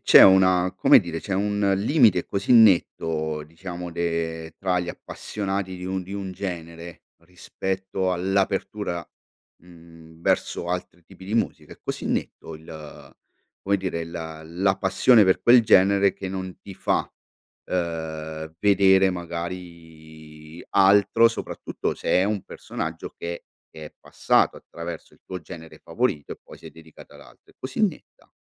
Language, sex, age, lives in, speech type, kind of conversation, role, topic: Italian, male, 45-49, Italy, conversation, podcast, host, Quale artista mi consiglieresti di ascoltare subito?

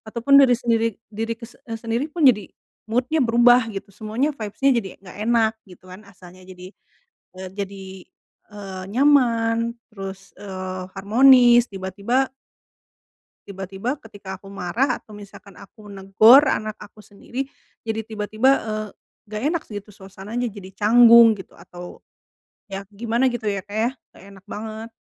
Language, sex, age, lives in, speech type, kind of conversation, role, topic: Indonesian, female, 35-39, Indonesia, conversation, advice, user, Bagaimana cara mengelola emosi saat rutinitas sehari-hari terasa berat?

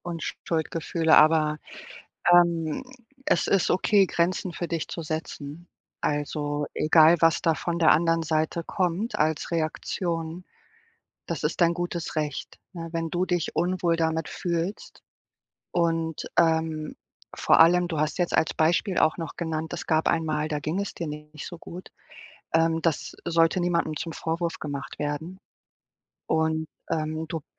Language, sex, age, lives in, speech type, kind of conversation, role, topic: German, female, 40-44, Portugal, conversation, advice, advisor, Was kann ich tun, wenn ich mich an Feiertagen und bei großen Zusammenkünften oft unwohl fühle?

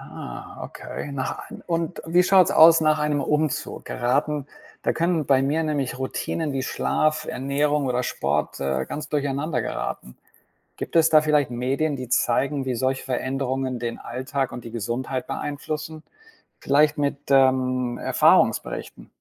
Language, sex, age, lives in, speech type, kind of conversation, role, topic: German, male, 55-59, United States, read, expert-assistant, asker, Kennst du spannende Podcasts oder Webseiten, auf denen man erfährt, wie Dinge wie Arbeit, Wohnen oder Bildung unsere Gesundheit beeinflussen?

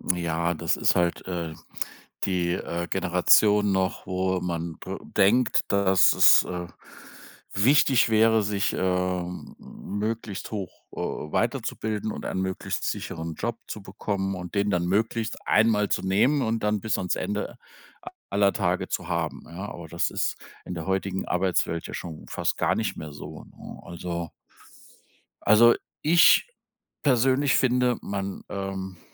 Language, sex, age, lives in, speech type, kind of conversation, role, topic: German, male, 55-59, Germany, conversation, advice, advisor, Wie gehst du mit dem Druck um, den Beruf oder die Karriere zu wählen, die deine Familie von dir erwartet?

